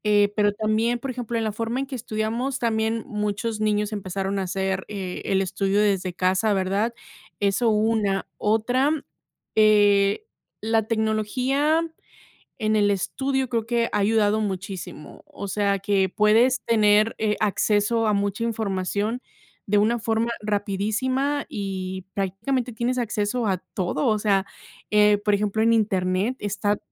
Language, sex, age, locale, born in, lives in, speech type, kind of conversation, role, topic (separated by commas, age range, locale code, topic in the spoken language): Spanish, female, 30-34, es-MX, Mexico, United States, conversation, unstructured, none, ¿Cómo crees que la tecnología cambia nuestra vida diaria?